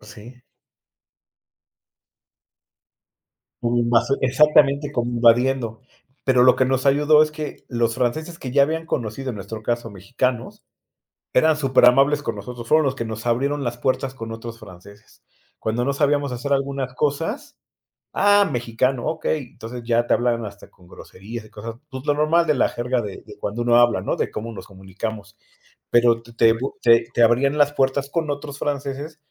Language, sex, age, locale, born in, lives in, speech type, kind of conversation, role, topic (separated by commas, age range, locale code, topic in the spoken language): Spanish, male, 45-49, es-MX, Mexico, Mexico, conversation, podcast, guest, ¿Qué viaje te marcó de por vida y por qué?